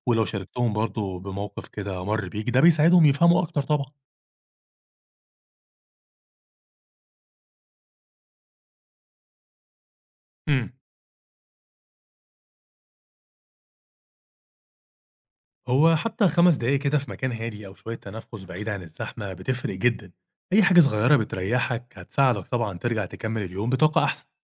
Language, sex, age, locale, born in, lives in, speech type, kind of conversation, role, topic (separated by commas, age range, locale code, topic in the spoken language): Arabic, male, 30-34, ar-EG, Egypt, Egypt, read, expert-assistant, expert, إزاي أقدر أوازن بين العناية بالمرضى وراحتي النفسية من غير ما أحس بإرهاق في الشغل؟